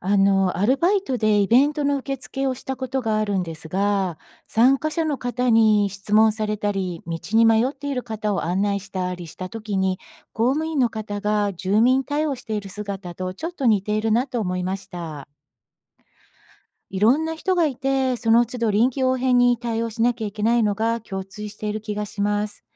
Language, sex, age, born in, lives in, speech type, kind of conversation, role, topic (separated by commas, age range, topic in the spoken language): Japanese, female, 55-59, Japan, United States, read, expert-assistant, asker, 公務員の役割は身近なものに例えると何ですか？